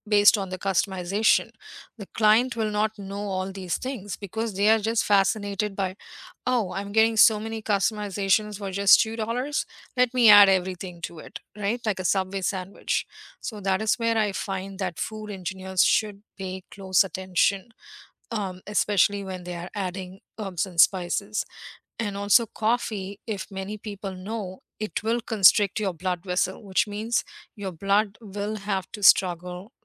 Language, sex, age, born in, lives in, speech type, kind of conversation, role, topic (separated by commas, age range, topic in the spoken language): English, female, 50-54, India, United States, conversation, unstructured, none, What do you love and dislike about coffee shop culture in your area, and which local spots are your favorites?